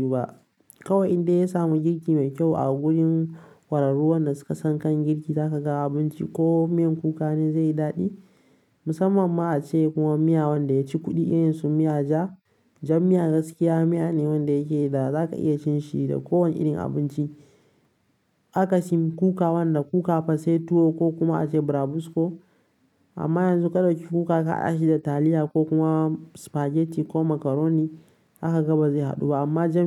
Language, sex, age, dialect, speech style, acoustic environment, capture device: Hausa, male, 18-24, Nigeria - Kano, relaxed, nature; silence, mobile / Linux / Chrome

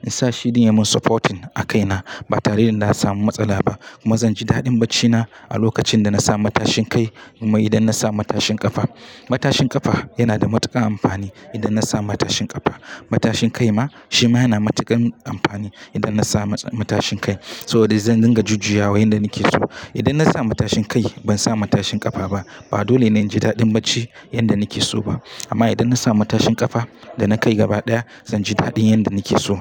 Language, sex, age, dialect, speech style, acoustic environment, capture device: Hausa, male, 25-34, Nigeria - Katsina, happy, people talking; nature, mobile / Linux / Chrome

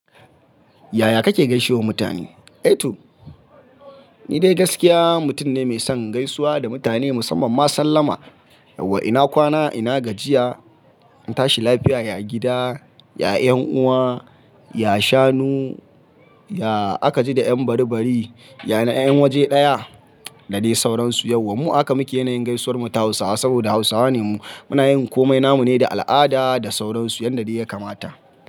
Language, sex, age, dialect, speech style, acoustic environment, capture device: Hausa, male, 25-34, Nigeria - Kano, focused, people talking, mobile / Linux / Chrome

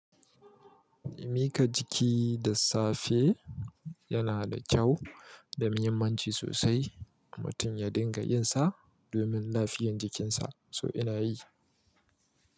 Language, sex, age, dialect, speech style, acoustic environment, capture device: Hausa, male, 25-34, Nigeria - Kano, happy, people talking, mobile / Linux / Chrome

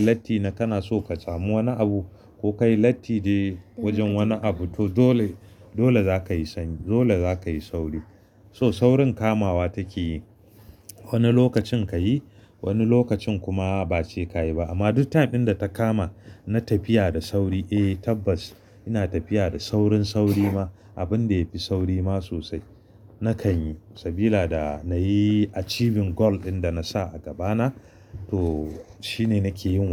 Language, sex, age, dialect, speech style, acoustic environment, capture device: Hausa, male, 35-44, Nigeria - Kano, excited, silence, mobile / Linux / Chrome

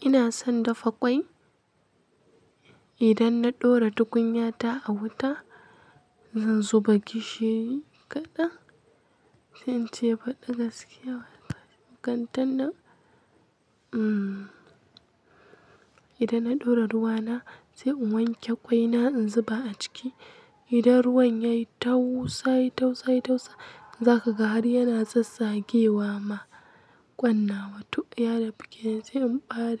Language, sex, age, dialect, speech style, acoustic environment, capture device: Hausa, female, 18-24, Nigeria - Kano, happy, nature; people talking, mobile / Linux / Chrome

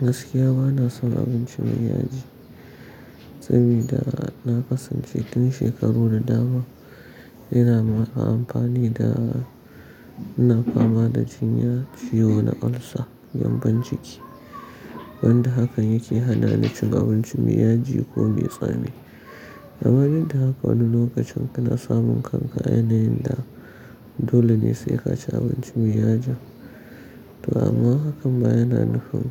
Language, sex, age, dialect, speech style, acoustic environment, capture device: Hausa, male, 35-44, Nigeria - Kano, happy, silence, mobile / Linux / Chrome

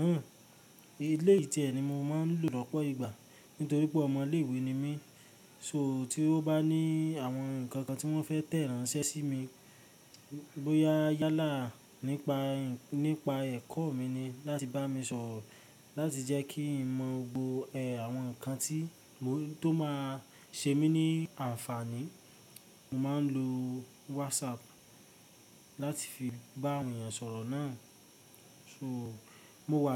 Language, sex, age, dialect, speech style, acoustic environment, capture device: Yoruba, male, 18-24, Nigeria - Ibadan, happy; relaxed; focused, nature, mobile / Linux / Chrome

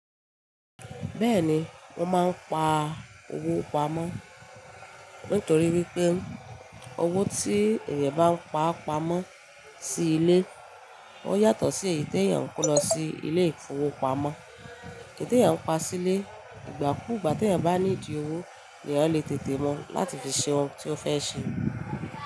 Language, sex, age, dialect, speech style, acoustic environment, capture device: Yoruba, female, 25-34, Nigeria - Ibadan, excited, appliances, mobile / Linux / Chrome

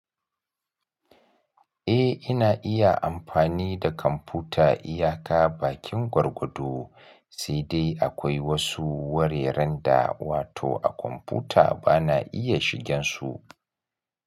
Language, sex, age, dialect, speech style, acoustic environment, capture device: Hausa, male, 35-44, Nigeria - Kano, excited; relaxed, nature, mobile / Linux / Chrome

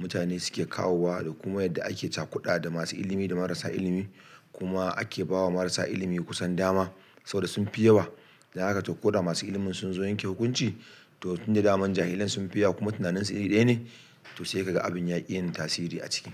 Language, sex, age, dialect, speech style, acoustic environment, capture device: Hausa, male, 35-44, Nigeria - Kano, excited, people talking; music; nature, desktop / Linux / Chrome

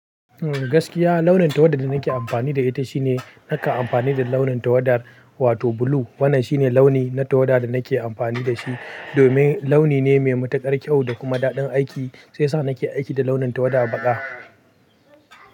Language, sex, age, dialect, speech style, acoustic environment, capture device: Hausa, male, 18-24, Nigeria - Pidgin-influenced, happy, people talking, mobile / Linux / Chrome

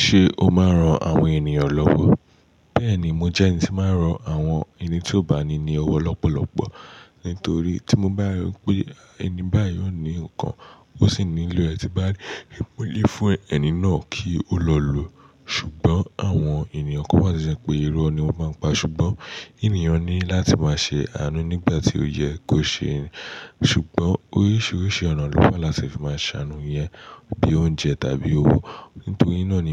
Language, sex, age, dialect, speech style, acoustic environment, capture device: Yoruba, male, 18-24, Nigeria - Nigerian Standard, relaxed, silence, mobile / Linux / Chrome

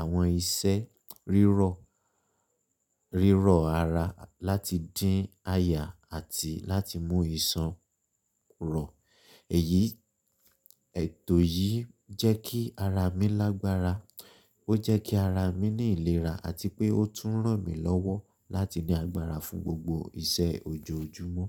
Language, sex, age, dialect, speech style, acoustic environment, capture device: Yoruba, male, 25-34, Nigeria - Ibadan, tired, silence, mobile / Linux / Chrome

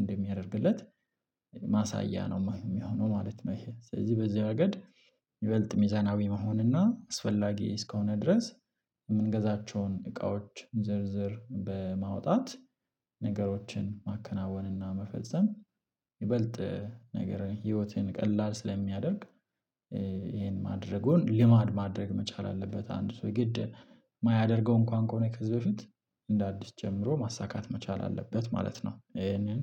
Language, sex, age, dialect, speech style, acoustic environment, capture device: Amharic, male, 25-34, Ethiopia - Addis Ababa, happy; relaxed; excited; focused, silence, mobile / Linux / Chrome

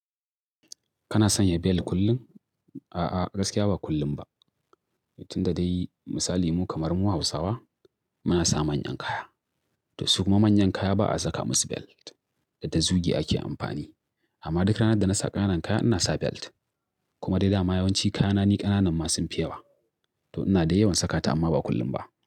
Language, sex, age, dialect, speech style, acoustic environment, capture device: Hausa, male, 35-44, Nigeria - Kano, relaxed, nature, mobile / Linux / Chrome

